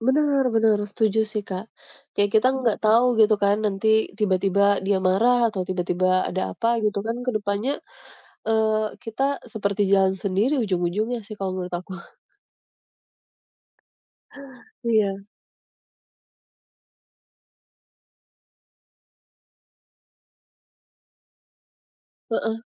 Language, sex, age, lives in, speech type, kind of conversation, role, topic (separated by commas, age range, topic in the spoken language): Indonesian, female, 30-34, Indonesia, conversation, unstructured, none, Bagaimana cara menyelesaikan konflik tanpa menyakiti perasaan pasangan?